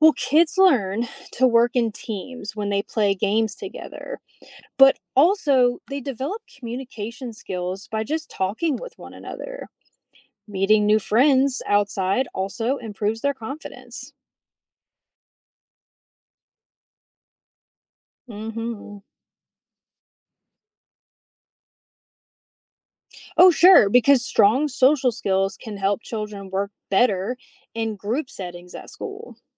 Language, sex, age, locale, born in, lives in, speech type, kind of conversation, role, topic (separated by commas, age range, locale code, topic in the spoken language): English, female, 35-39, en-US, United States, United States, read, expert-assistant, expert, What are the benefits of outdoor play for children?